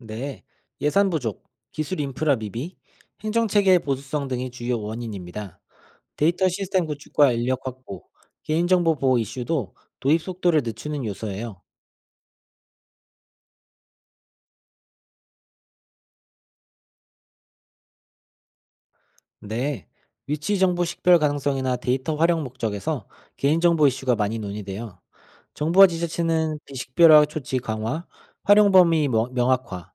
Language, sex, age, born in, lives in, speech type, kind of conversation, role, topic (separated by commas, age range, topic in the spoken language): Korean, male, 30-34, South Korea, Germany, read, expert-assistant, expert, 도시 인구 밀집에 관한 기사들이 많은데, 어떤 자료를 신뢰해야 할까요?